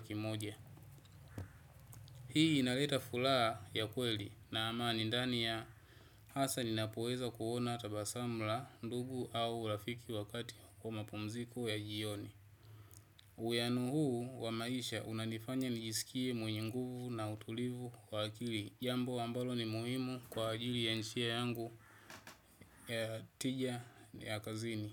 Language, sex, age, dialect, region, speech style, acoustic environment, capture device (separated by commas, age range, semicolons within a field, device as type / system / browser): Swahili, male, 25-34, Tanzania - Dar es Salaam, Tanzania, relaxed, people talking, desktop / Windows / Chrome